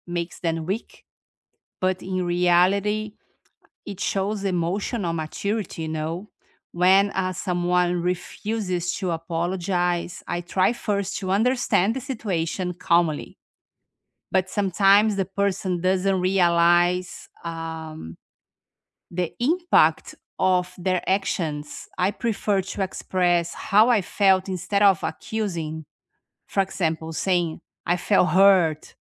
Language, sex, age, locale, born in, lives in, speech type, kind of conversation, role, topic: English, female, 50-54, en-BR, Brazil, United States, conversation, unstructured, none, How do you deal with someone who refuses to apologize?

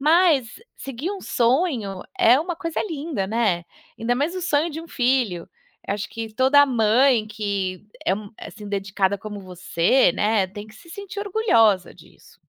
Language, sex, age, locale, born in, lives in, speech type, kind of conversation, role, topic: Portuguese, female, 35-39, pt-BR, Brazil, United States, conversation, advice, advisor, Como é para você receber críticas constantes da sua família sobre as suas escolhas de vida?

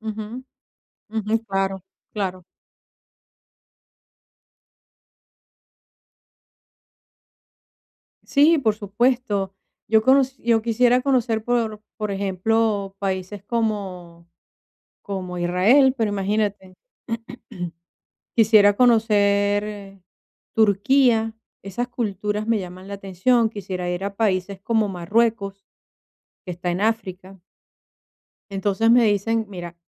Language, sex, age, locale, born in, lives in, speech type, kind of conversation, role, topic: Spanish, female, 50-54, es-VE, Venezuela, Portugal, conversation, advice, user, ¿Cómo puedo sentirme más seguro al viajar solo o a lugares nuevos?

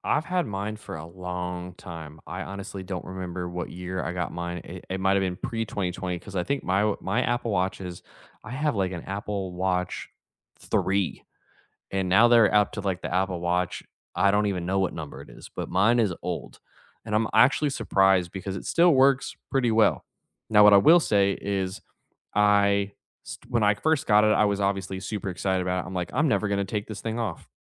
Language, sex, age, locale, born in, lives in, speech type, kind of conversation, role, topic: English, male, 30-34, en-US, United States, United States, conversation, unstructured, none, How have wearables actually changed your daily habits, and how can we support each other?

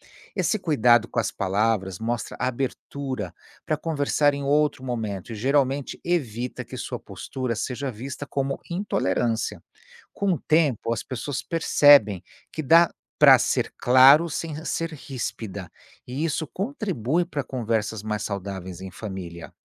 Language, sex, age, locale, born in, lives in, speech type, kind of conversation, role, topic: Portuguese, male, 55-59, pt-BR, Brazil, United States, read, expert-assistant, expert, Como lidar com conversas polêmicas em família sem criar conflito?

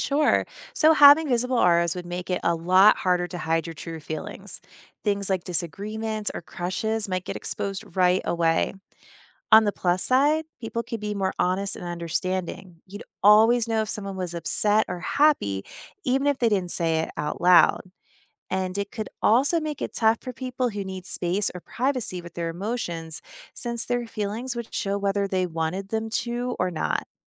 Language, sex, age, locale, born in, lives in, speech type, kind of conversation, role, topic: English, female, 35-39, en-US, United States, United States, read, expert-assistant, expert, What would happen if emotions were visible as auras?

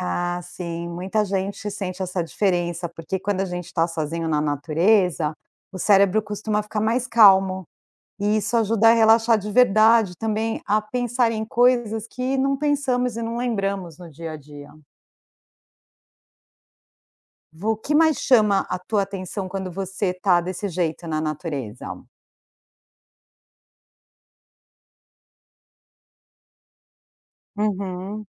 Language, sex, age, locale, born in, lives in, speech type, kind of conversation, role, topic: Portuguese, female, 45-49, pt-BR, Brazil, United States, read, expert-assistant, expert, Como o contato com a natureza pode melhorar o bem-estar?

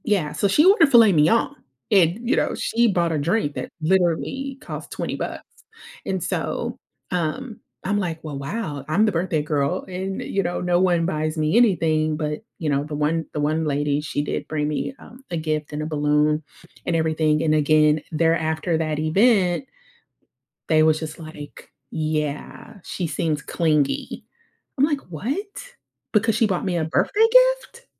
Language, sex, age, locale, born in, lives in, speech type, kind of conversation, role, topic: English, female, 35-39, en-US, United States, United States, conversation, advice, user, How can I manage social anxiety at events?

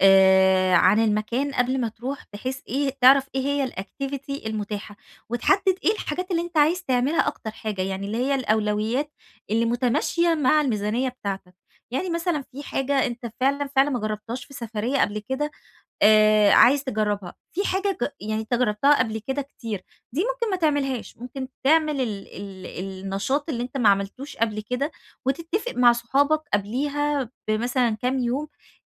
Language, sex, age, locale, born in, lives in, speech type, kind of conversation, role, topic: Arabic, female, 30-34, ar-EG, Egypt, Egypt, conversation, advice, advisor, إزاي أخطط لعطلتي من غير ما أرفع سقف توقعاتي وأتضايق؟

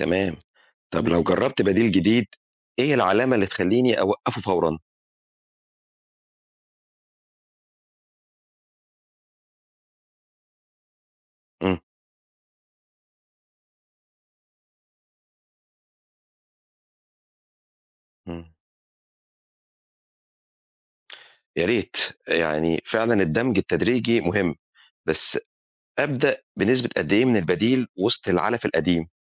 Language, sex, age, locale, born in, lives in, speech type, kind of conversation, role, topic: Arabic, male, 45-49, ar-EG, Egypt, Egypt, read, expert-assistant, asker, إزاي أعمل خطة للطوارئ في إدارة المواشي، زي التعامل مع الأمراض المفاجئة أو نقص الأعلاف، وأضمن استمرارية المشروع؟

